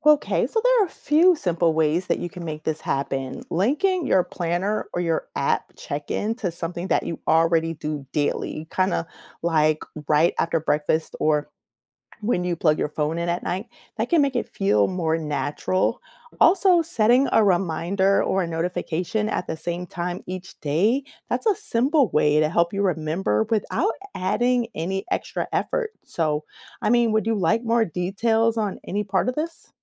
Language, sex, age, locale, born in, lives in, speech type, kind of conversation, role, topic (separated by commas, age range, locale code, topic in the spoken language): English, female, 45-49, en-US, United States, United States, read, expert-assistant, expert, How can you develop better time management skills?